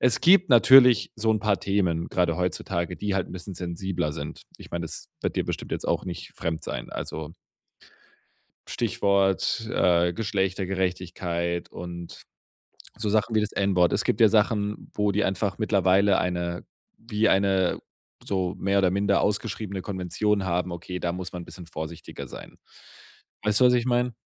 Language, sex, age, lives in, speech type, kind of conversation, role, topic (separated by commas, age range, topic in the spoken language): German, male, 25-29, Germany, conversation, advice, advisor, Wie gehe ich mit Unsicherheit bei Partys und Feiern um?